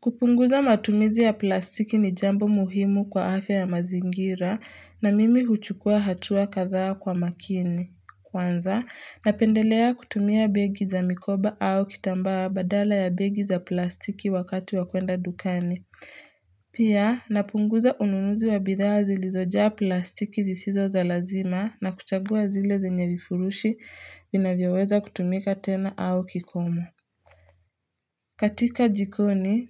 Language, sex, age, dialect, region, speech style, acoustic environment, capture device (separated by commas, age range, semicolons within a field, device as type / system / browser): Swahili, female, 18-24, Kenya - Nairobi Sheng-influenced, Kenya, relaxed, silence, mobile / Linux / Chrome